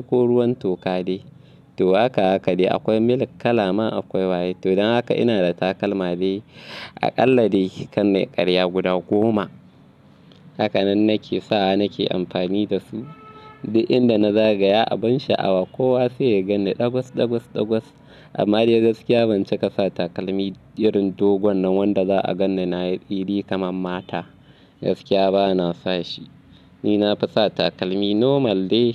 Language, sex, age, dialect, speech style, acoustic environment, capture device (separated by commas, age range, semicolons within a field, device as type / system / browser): Hausa, male, 25-34, Nigeria - Kano, anxious, nature, mobile / Linux / Chrome